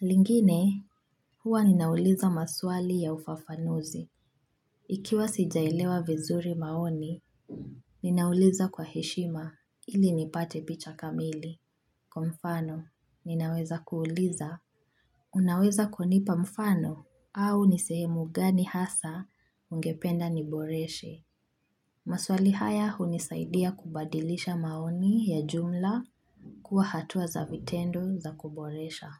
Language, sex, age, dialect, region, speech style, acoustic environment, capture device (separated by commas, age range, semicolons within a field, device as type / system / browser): Swahili, female, 25-34, Kenya - Mombasa, Kenya, focused; relaxed, silence, mobile / Linux / Chrome